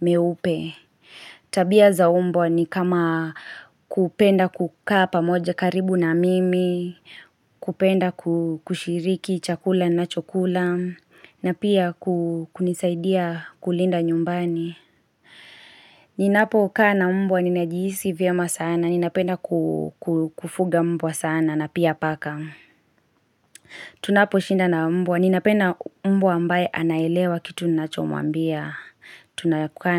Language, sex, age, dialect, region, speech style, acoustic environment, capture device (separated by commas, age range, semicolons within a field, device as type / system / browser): Swahili, female, 25-34, Kenya - Kiambu, Kenya, focused, silence, mobile / Linux / Chrome